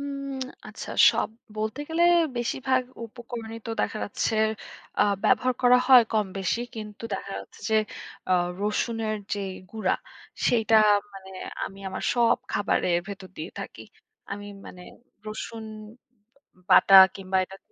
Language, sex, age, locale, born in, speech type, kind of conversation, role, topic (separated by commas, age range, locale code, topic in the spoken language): Bengali, female, 25-29, bn-BD, Bangladesh, conversation, podcast, guest, প্রতিদিনের জন্য সহজ আর সুস্বাদু খাবার আপনি সাধারণত কী রান্না করেন?